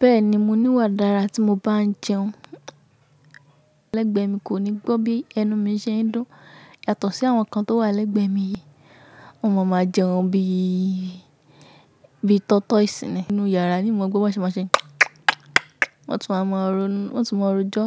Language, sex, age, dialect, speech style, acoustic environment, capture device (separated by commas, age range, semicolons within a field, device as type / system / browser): Yoruba, female, 18-24, Nigeria - Ibadan, relaxed, people talking, mobile / macOS / Safari